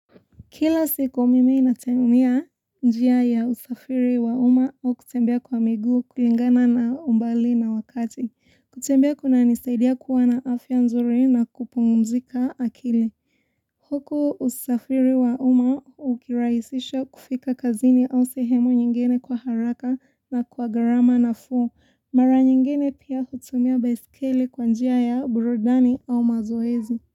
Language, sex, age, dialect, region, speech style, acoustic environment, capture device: Swahili, female, 18-24, Kenya - Mombasa, Kenya, relaxed, silence; people talking, mobile / Linux / Chrome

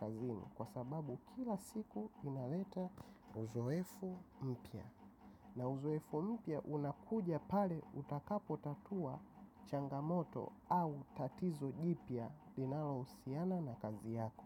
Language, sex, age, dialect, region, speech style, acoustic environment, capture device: Swahili, male, 25-34, Tanzania - Dar es Salaam, Tanzania, relaxed; happy; focused; excited, silence; nature; appliances, mobile / macOS / Safari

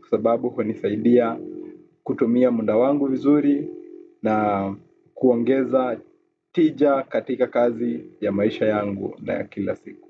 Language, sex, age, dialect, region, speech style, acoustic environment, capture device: Swahili, male, 25-34, Kenya - Nairobi Sheng-influenced, Kenya, relaxed, silence, desktop / Windows / Chrome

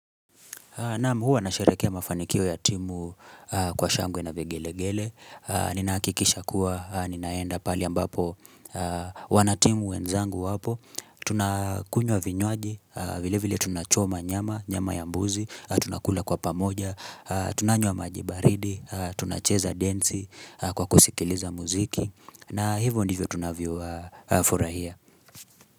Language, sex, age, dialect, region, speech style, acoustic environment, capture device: Swahili, male, 25-34, Kenya - Nairobi Sheng-influenced, Kenya, relaxed, silence, mobile / Linux / Chrome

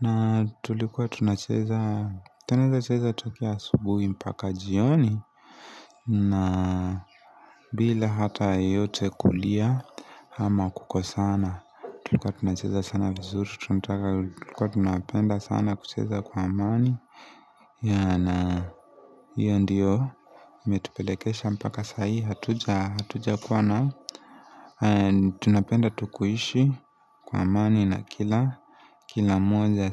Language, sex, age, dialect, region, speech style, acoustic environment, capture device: Swahili, male, 25-34, Kenya - Nairobi Sheng-influenced, Kenya, nervous, people talking, mobile / Linux / Chrome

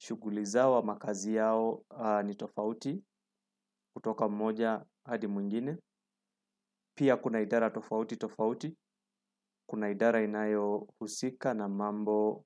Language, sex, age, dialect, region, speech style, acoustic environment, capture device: Swahili, male, 35-44, Kenya - Nairobi Sheng-influenced, Kenya, relaxed, silence, desktop / Windows / Chrome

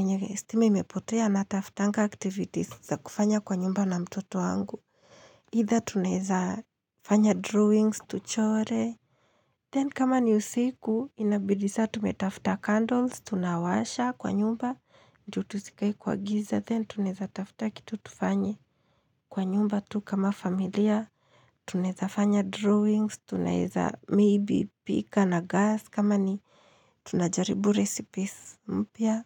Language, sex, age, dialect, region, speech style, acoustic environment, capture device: Swahili, female, 25-34, Kenya - Nairobi Sheng-influenced, Kenya, happy, silence, mobile / Linux / Chrome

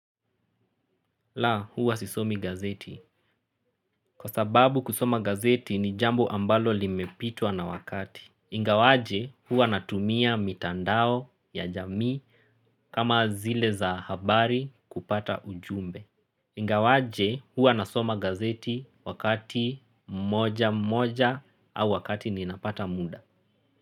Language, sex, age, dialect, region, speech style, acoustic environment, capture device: Swahili, male, 25-34, Kenya - Nairobi Sheng-influenced, Kenya, relaxed, silence, mobile / Linux / Chrome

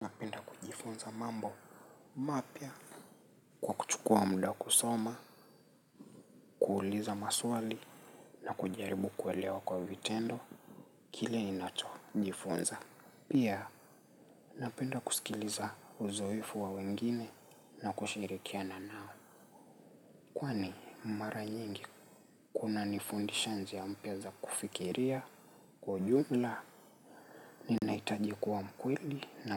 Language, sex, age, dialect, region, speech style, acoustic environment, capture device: Swahili, male, 25-34, Kenya - Nairobi Sheng-influenced, Kenya, relaxed, nature; people talking, mobile / Linux / Chrome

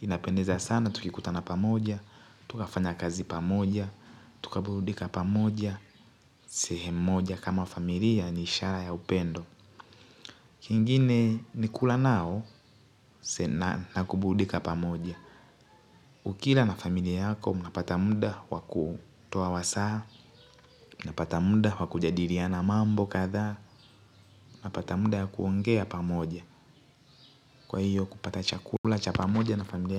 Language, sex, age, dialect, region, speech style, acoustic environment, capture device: Swahili, male, 18-24, Tanzania - Dar es Salaam, Tanzania, happy, nature, mobile / Linux / Chrome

